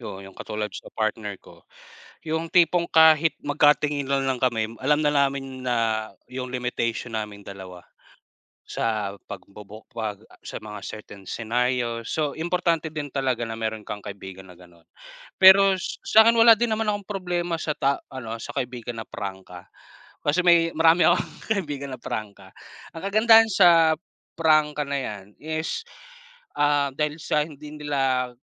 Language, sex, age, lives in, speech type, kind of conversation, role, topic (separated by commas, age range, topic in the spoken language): Filipino, male, 30-34, Philippines, conversation, unstructured, none, Paano mo ipinapakita ang tunay mong sarili sa ibang tao?